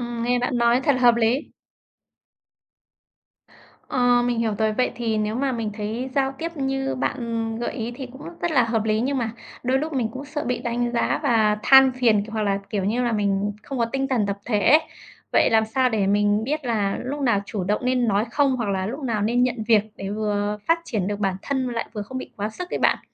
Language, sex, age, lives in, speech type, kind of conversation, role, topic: Vietnamese, female, 30-34, Vietnam, read, expert-assistant, asker, Làm thế nào để xác định mục tiêu vừa thực tế vừa truyền cảm hứng cho bản thân?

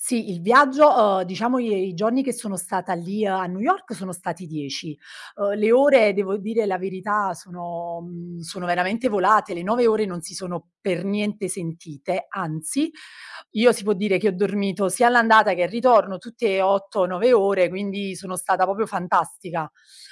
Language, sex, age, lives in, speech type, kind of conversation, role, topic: Italian, female, 35-39, Italy, conversation, podcast, guest, Qual è un viaggio che ti ha cambiato la vita?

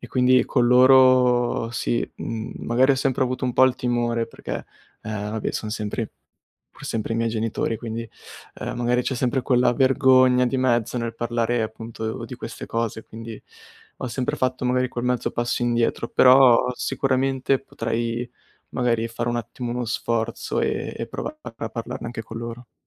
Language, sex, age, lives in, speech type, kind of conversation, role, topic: Italian, male, 20-24, Italy, conversation, advice, user, Come posso evitare di farmi coinvolgere dalle emozioni quando mi sento sopraffatto?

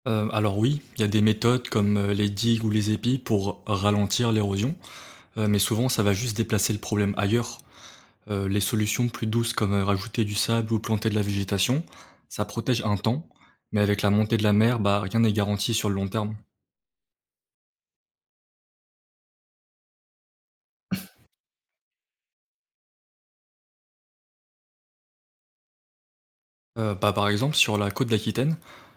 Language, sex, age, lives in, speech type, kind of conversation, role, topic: French, male, 20-24, France, read, expert-assistant, expert, Quelles solutions peut-on envisager pour limiter l’érosion du littoral en France, face à la montée du niveau de la mer ?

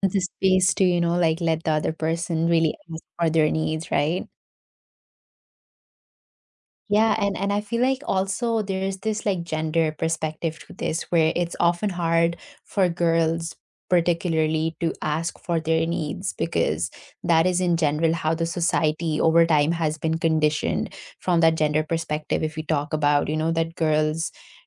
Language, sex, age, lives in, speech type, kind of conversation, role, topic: English, female, 25-29, United States, conversation, unstructured, none, What is the hardest part about negotiating your needs with others?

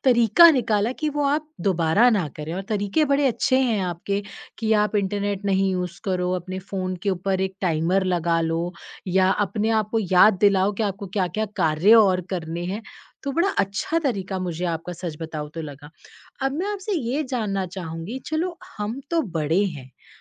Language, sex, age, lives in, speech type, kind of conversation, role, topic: Hindi, female, 30-34, India, conversation, podcast, host, आप सोशल मीडिया के मानसिक प्रभाव को कैसे संभालते हैं?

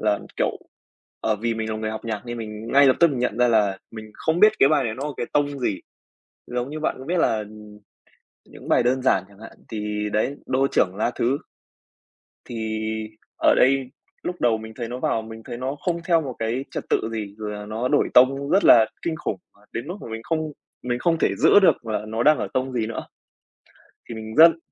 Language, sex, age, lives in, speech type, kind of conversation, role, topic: Vietnamese, male, 20-24, Vietnam, conversation, podcast, guest, Bạn có thể kể về một bài hát mà bạn nghe đi nghe lại hoài vẫn không chán không?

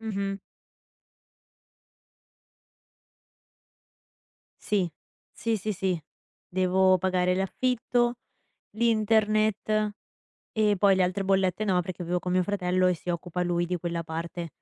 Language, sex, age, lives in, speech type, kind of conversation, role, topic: Italian, female, 20-24, United States, conversation, advice, user, In che modo gli acquisti d’impulso rovinano il tuo budget mensile?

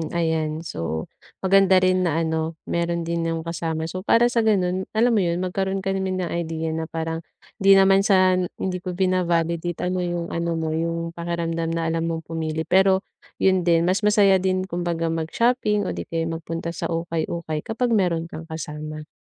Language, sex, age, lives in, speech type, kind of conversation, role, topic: Filipino, female, 25-29, Philippines, conversation, advice, advisor, Paano ako makakapagdamit nang nakaayos at nasa uso nang hindi nasisira ang badyet ko?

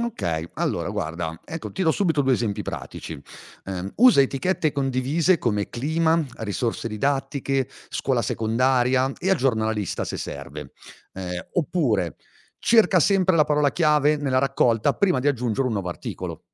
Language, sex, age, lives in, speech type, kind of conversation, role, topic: Italian, male, 40-44, Italy, read, expert-assistant, expert, Come posso tenere traccia delle tante notizie e dati sul clima senza sentirmi sopraffatto?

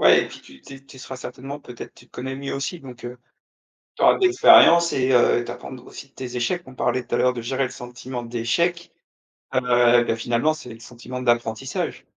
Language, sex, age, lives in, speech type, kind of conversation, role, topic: French, male, 35-39, France, conversation, podcast, host, Comment gères-tu le sentiment d’échec quand une expérimentation échoue ?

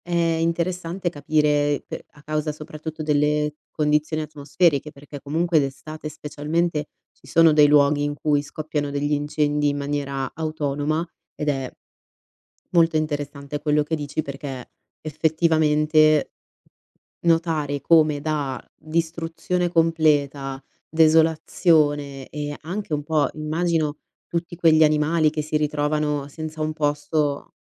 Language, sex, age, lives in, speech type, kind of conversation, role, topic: Italian, female, 25-29, Italy, conversation, podcast, host, Cosa impari osservando il ciclo di vita delle piante?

